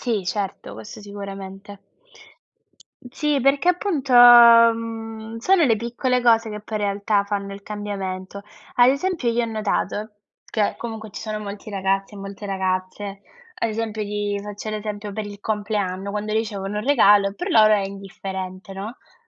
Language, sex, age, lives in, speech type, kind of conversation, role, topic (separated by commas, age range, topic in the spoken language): Italian, female, 20-24, Italy, conversation, unstructured, none, Cosa significa per te essere felice?